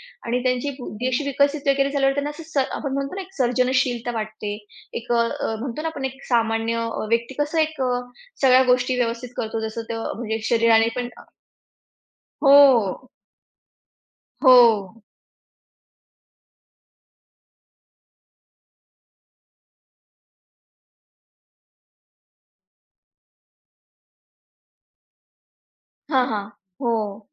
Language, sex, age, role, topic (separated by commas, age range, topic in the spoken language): Marathi, female, 40-44, guest, खेळातून सर्जनशीलता कशी विकसित होते, असे तुम्हाला वाटते?